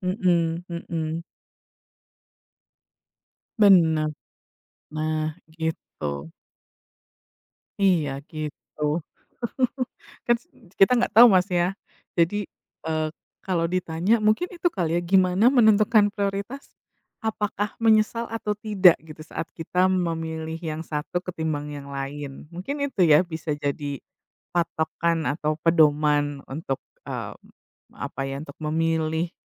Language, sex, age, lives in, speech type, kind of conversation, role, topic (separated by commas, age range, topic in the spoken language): Indonesian, female, 35-39, United States, conversation, unstructured, none, Bagaimana kamu menentukan prioritas dalam hidup?